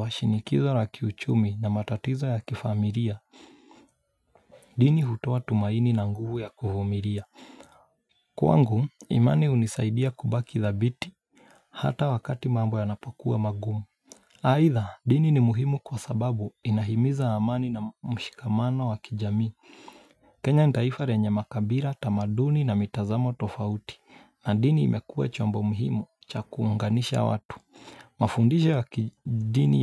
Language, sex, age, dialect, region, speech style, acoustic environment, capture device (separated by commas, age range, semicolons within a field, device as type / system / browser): Swahili, male, 25-34, Kenya - Mombasa, Kenya, relaxed, nature, mobile / Linux / Chrome